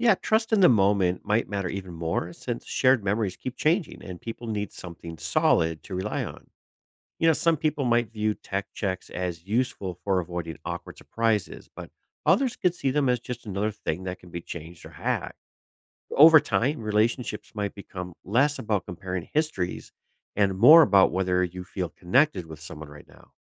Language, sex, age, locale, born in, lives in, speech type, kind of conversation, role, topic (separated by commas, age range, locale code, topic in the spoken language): English, male, 45-49, en-US, United States, United States, read, expert-assistant, expert, What would happen if everyone could time travel?